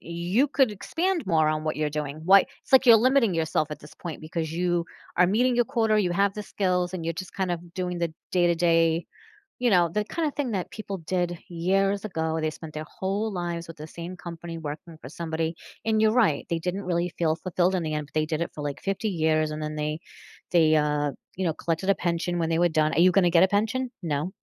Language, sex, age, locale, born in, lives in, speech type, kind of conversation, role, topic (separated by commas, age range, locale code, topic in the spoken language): English, female, 45-49, en-US, United States, United States, conversation, advice, advisor, How can I manage stress from daily responsibilities better?